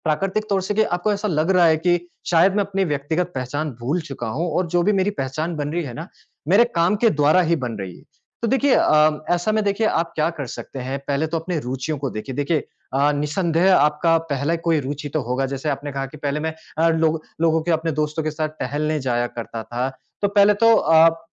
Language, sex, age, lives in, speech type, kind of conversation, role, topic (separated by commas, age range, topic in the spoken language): Hindi, male, 30-34, India, conversation, advice, advisor, क्या मुझे अपनी नौकरी और अपनी व्यक्तिगत पहचान को अलग रखना चाहिए?